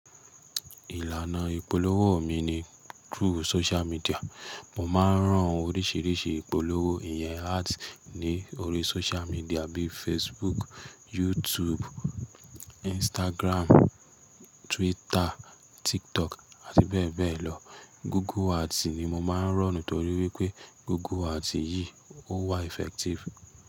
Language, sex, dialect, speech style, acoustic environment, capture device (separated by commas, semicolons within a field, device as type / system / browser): Yoruba, male, Nigeria - Nigerian Standard, stressed, appliances, mobile / Linux / Chrome